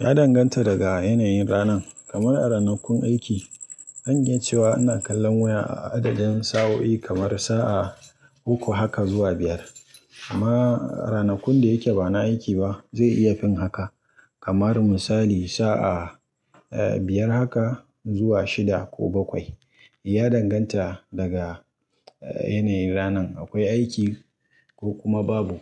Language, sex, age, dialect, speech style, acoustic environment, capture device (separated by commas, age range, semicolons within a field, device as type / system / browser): Hausa, male, 25-34, Nigeria - Kano, relaxed; happy, people talking; nature, mobile / Linux / Chrome